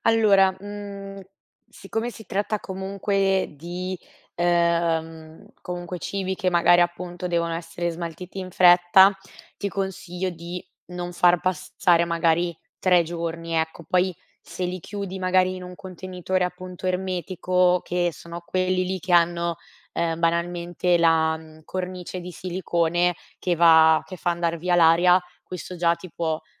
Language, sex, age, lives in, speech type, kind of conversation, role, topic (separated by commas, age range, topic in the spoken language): Italian, female, 25-29, Italy, conversation, advice, advisor, Come posso trovare la motivazione per cucinare pasti sani ogni giorno?